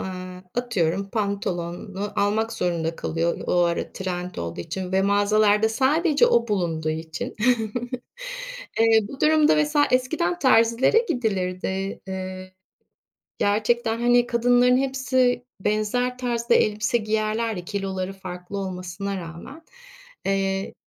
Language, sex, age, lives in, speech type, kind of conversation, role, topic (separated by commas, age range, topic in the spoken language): Turkish, female, 50-54, Spain, conversation, podcast, host, Kendi stilini keşfetmek isteyen birine ne önerirsin?